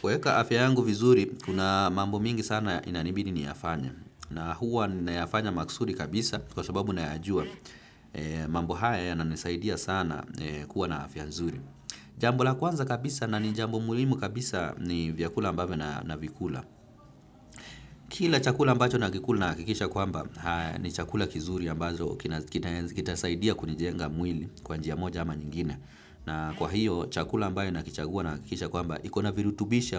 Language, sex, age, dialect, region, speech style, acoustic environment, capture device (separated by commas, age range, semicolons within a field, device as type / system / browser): Swahili, male, 25-34, Kenya - Nairobi Sheng-influenced, Kenya, relaxed, traffic; appliances, desktop / Windows / Firefox